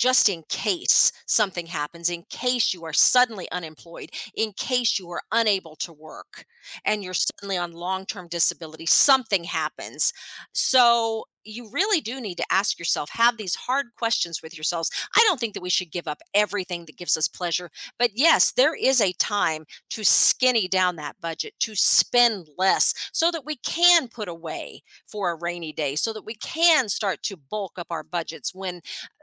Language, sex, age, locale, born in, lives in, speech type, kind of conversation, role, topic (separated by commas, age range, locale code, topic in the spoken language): English, female, 50-54, en-US, United States, United States, conversation, podcast, guest, How can learning to budget help you take control of your finances?